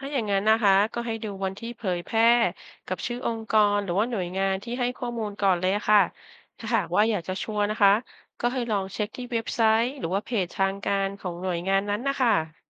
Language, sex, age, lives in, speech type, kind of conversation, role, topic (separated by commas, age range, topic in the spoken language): Thai, female, 50-54, Thailand, read, expert-assistant, expert, ถ้าเจอข้อมูลเรื่องสังคมจากหลายที่ แล้วข้อมูลไม่เหมือนกัน เราควรเชื่อใครดี?